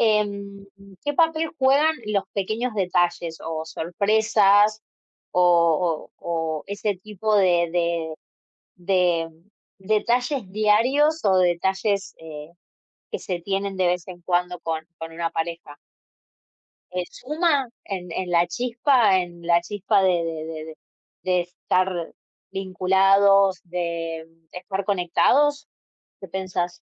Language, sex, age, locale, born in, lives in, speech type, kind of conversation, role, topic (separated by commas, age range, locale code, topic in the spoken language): Spanish, female, 30-34, es-AR, Argentina, Netherlands, conversation, unstructured, none, ¿Cómo puedes mantener viva la chispa con el paso del tiempo?